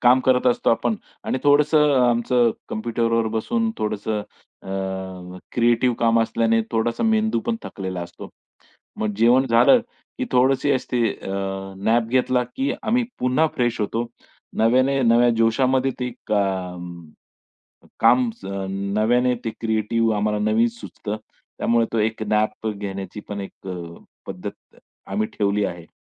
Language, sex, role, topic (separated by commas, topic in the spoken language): Marathi, male, guest, दुपारी थोडी झोप घेणे तुम्हाला उपयुक्त वाटते का, आणि ती कशी घेता?